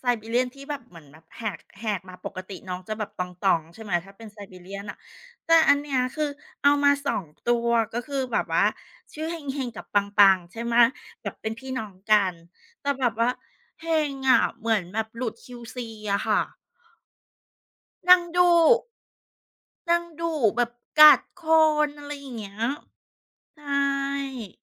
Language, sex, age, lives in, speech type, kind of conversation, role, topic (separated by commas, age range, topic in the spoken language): Thai, female, 40-44, Thailand, conversation, unstructured, none, คุณเคยมีสัตว์เลี้ยงทำอะไรที่ทำให้คุณประทับใจไหม?